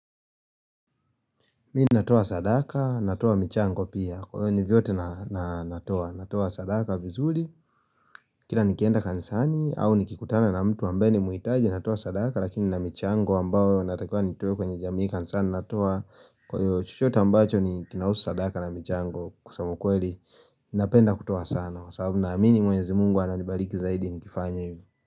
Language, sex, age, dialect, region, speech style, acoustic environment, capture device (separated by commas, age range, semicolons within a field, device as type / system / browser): Swahili, male, 25-34, Kenya - Nairobi Sheng-influenced, Kenya, happy, nature, mobile / Linux / Chrome